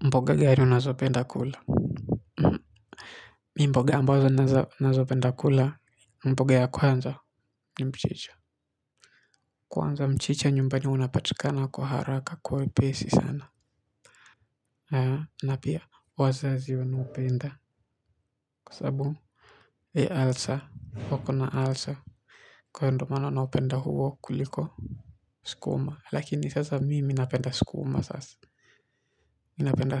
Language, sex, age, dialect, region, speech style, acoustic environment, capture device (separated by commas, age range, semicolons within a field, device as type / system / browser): Swahili, male, 18-24, Kenya - Mombasa, Kenya, relaxed, silence, mobile / Linux / Chrome